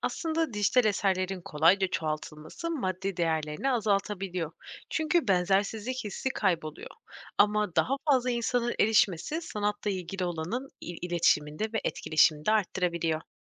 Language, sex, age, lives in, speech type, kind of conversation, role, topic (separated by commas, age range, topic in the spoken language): Turkish, female, 25-29, Poland, read, expert-assistant, expert, Dijital sanat eserlerinin kolay çoğaltılabilir olması sanatın değerini nasıl etkiliyor? Bu durumun olumlu ve olumsuz yönleri neler?